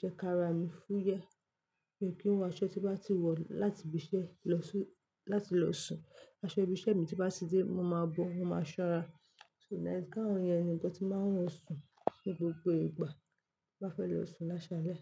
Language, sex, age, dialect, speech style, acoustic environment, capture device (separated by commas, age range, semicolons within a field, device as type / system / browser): Yoruba, female, 25-34, Nigeria - Lagos Yoruba, focused, silence, mobile / Linux / Chrome